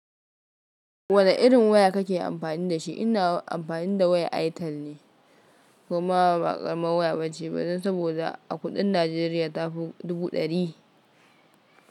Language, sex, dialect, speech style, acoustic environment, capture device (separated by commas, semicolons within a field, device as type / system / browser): Hausa, female, Nigeria - Kano, focused, silence; nature, mobile / Linux / Chrome